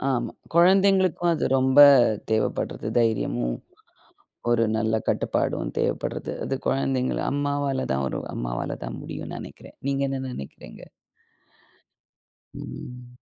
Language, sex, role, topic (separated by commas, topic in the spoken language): Tamil, female, host, ஒரு சிக்கலில் அனைவரும் ஒன்றாகச் சேர்ந்து உதவிய ஒரு நேரத்தை நினைவுபடுத்திக் கூற முடியுமா?